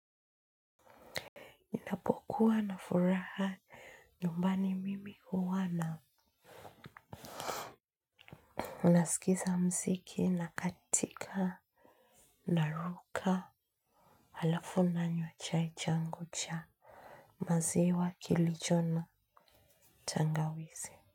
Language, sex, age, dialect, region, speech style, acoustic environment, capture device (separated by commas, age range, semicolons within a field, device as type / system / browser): Swahili, female, 25-34, Kenya - Nairobi Sheng-influenced, Kenya, focused, silence, mobile / Linux / Chrome